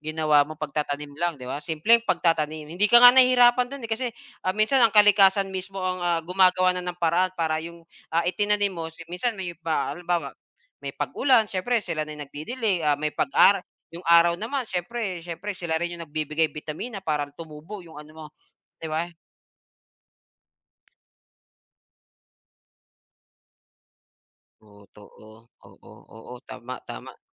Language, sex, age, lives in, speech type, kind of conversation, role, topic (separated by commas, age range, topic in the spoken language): Filipino, male, 35-39, Philippines, conversation, unstructured, none, Bakit mahalaga ang pagtatanim ng mga puno sa ating paligid?